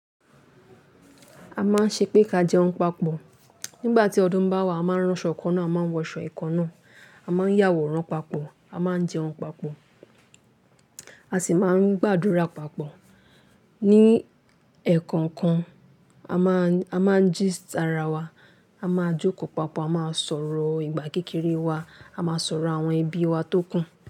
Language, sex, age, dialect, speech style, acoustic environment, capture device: Yoruba, female, 18-24, Nigeria - Lagos Yoruba, relaxed, nature, mobile / Linux / Chrome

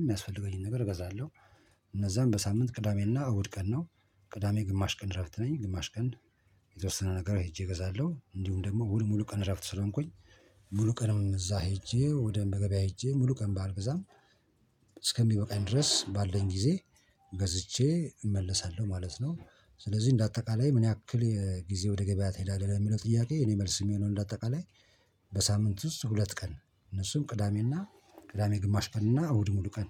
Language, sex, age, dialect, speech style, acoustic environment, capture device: Amharic, male, 45-54, Ethiopia - Addis Ababa, focused, nature, mobile / Linux / Chrome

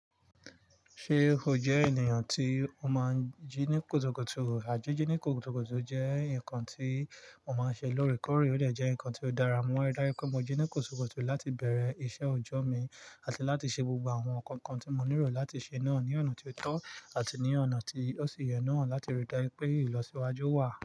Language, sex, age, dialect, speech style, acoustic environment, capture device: Yoruba, male, 25-34, Nigeria - Nigerian Standard, focused, nature, mobile / Linux / Chrome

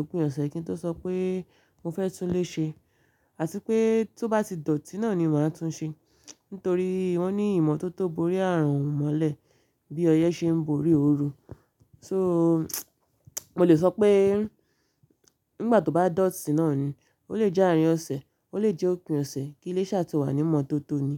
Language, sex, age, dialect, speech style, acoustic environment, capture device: Yoruba, female, 25-34, Nigeria - Ibadan, focused, silence, mobile / Linux / Chrome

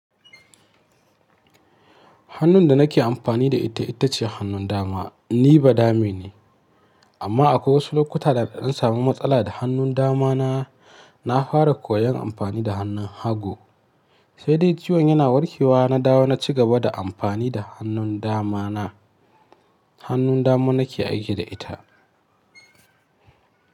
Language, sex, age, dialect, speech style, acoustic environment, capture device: Hausa, male, 25-34, Nigeria - Kano, happy, silence, mobile / Linux / Chrome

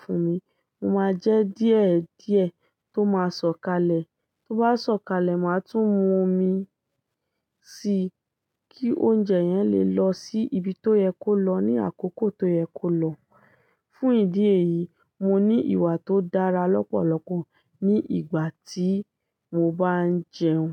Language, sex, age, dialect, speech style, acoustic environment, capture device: Yoruba, female, 25-34, Nigeria - Ibadan, happy; excited, silence, desktop / Linux / Chrome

